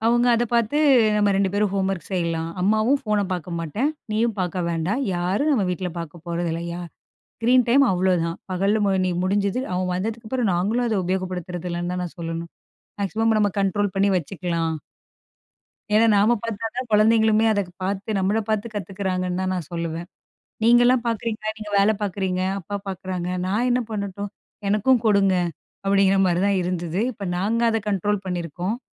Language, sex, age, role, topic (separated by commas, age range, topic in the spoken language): Tamil, female, 35-39, guest, குழந்தைகளின் திரை நேரத்தை எப்படிக் கட்டுப்படுத்தலாம்?